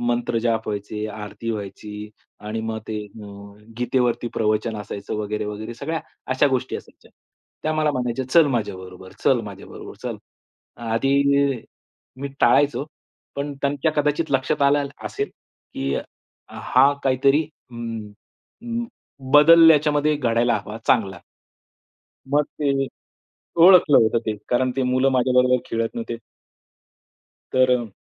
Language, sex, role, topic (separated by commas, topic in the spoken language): Marathi, male, guest, कधी तुम्हाला स्वतःला नव्याने ओळखल्यासारखं वाटलं, आणि ते कसं घडलं?